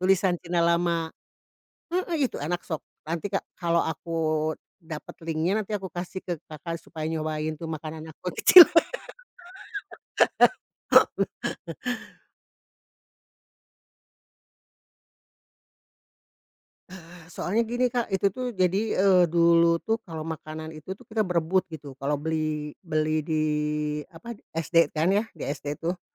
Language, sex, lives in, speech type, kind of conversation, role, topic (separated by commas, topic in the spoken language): Indonesian, female, Indonesia, conversation, podcast, guest, Camilan masa kecil apa yang sampai sekarang belum pernah kamu temukan lagi?